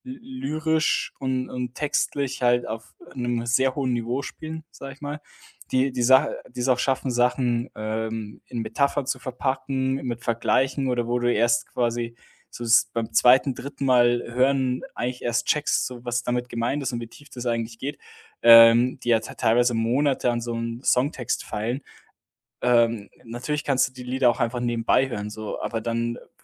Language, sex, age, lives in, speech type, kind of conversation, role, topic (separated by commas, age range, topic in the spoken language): German, male, 25-29, Germany, conversation, podcast, guest, Welche Rolle spielt Nostalgie für deinen Musikgeschmack?